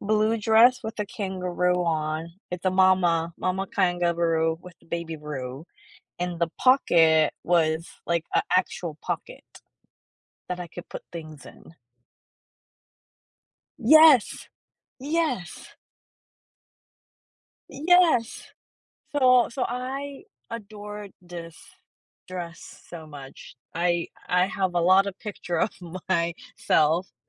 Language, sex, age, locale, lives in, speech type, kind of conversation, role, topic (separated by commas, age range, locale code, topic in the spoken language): English, female, 35-39, en-US, United States, conversation, podcast, guest, What story does your favorite piece of clothing tell?